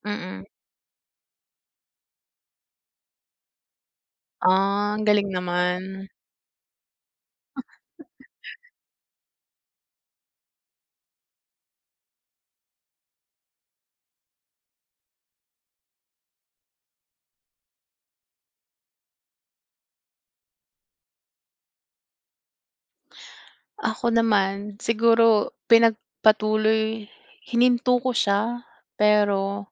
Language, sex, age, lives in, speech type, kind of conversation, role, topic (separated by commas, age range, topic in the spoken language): Filipino, female, 30-34, United States, conversation, unstructured, none, Paano mo pinipili kung kailan ka dapat sumuko at kung kailan ka dapat magpatuloy?